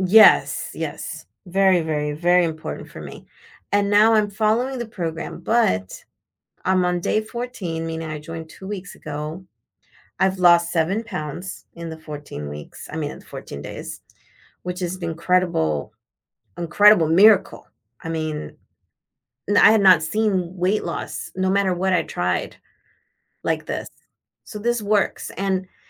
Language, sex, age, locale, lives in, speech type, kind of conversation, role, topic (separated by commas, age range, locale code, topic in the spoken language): English, female, 50-54, en-US, United States, conversation, advice, user, How can I build resilience after a recent setback?